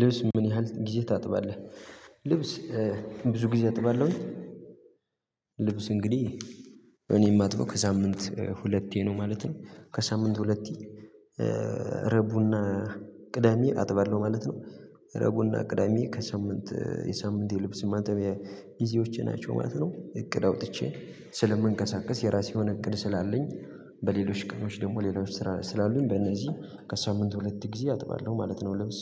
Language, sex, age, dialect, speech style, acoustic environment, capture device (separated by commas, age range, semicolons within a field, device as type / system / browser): Amharic, male, 18-24, Ethiopia - Gojjam, focused, silence, mobile / Linux / Chrome